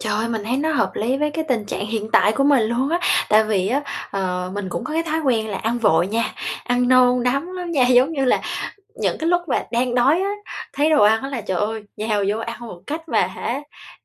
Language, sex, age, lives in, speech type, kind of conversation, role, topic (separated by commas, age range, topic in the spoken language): Vietnamese, female, 25-29, Japan, conversation, advice, user, Làm sao để nhận biết rõ hơn tín hiệu đói và no của cơ thể khi ăn?